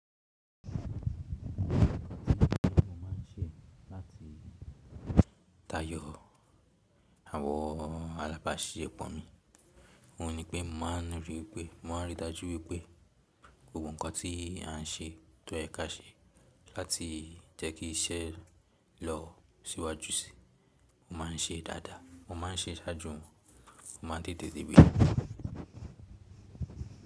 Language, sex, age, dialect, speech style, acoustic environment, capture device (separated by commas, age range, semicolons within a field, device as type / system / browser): Yoruba, male, 18-24, Nigeria - Lagos Yoruba, relaxed, silence; music, mobile / Linux / Chrome